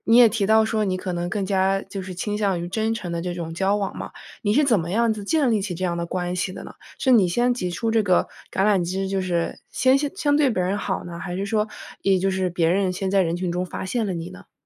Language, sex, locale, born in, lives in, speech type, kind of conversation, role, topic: Chinese, female, zh-CN, United States, United States, conversation, podcast, host, 你会怎样平衡给予与接受帮助？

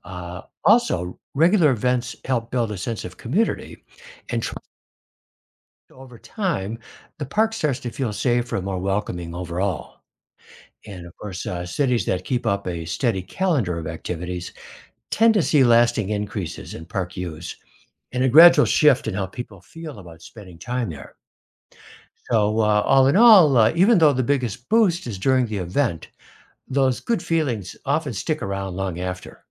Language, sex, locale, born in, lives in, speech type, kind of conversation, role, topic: English, male, en-US, United States, United States, read, expert-assistant, expert, What factors make public parks feel less safe?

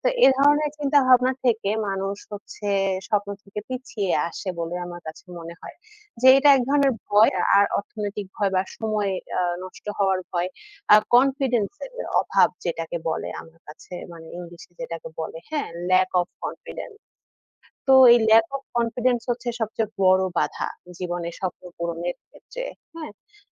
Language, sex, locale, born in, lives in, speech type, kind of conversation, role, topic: Bengali, female, bn-BD, Bangladesh, Bangladesh, conversation, unstructured, none, তোমার স্বপ্ন পূরণের পথে সবচেয়ে বড় বাধা কী হতে পারে?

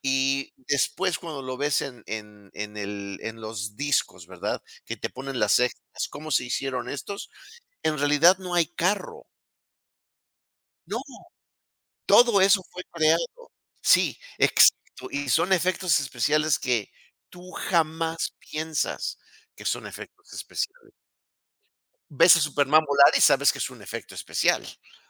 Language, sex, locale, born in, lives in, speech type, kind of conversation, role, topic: Spanish, male, es-MX, Mexico, United States, conversation, unstructured, none, ¿Cómo ha cambiado el entretenimiento con la tecnología?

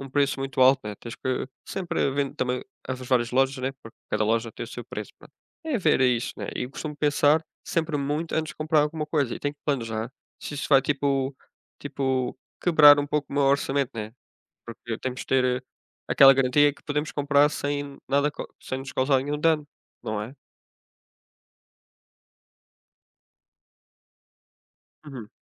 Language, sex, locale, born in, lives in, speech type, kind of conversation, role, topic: Portuguese, male, pt-PT, Portugal, Portugal, conversation, unstructured, none, Qual foi a melhor compra que você já fez?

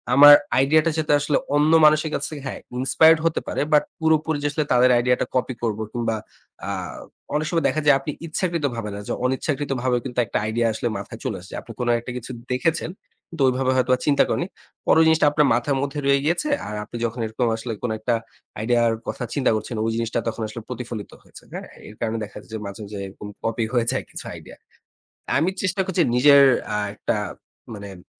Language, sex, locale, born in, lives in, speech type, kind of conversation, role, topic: Bengali, male, bn-BD, Bangladesh, Bangladesh, conversation, podcast, guest, তুমি নতুন আইডিয়া কীভাবে খুঁজে বের করো?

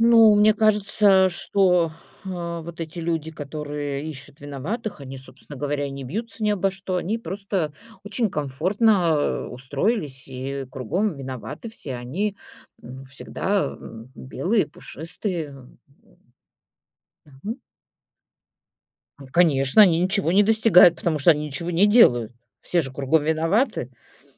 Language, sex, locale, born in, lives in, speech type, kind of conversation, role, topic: Russian, female, ru-RU, Russia, Italy, conversation, podcast, host, Как ты решаешь, что считать ошибкой, а что — опытом?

